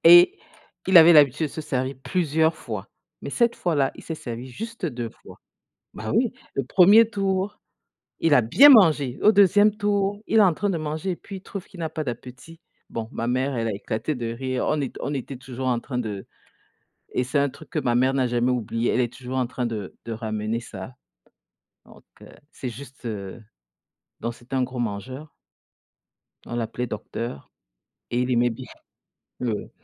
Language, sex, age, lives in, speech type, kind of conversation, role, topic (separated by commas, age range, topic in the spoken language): French, female, 50-54, United States, conversation, unstructured, none, Quel est ton meilleur souvenir avec ta famille ?